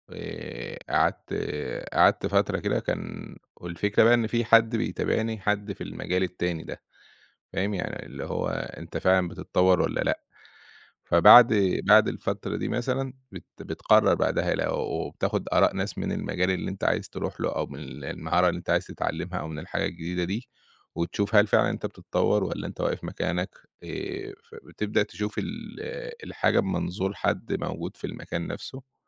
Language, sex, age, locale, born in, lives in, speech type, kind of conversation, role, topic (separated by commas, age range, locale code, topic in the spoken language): Arabic, male, 30-34, ar-EG, Egypt, Egypt, conversation, podcast, guest, إزاي بتقرّر إمتى تعيد تعلّم حاجة من الأول وإمتى تسيبها خالص؟